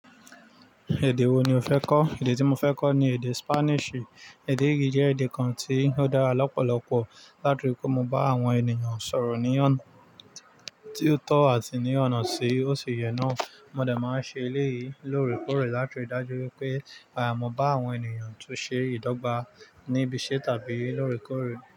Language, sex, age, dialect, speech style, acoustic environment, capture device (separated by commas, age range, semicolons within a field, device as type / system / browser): Yoruba, male, 35-44, Nigeria - Nigerian Standard, excited, nature, mobile / Linux / Chrome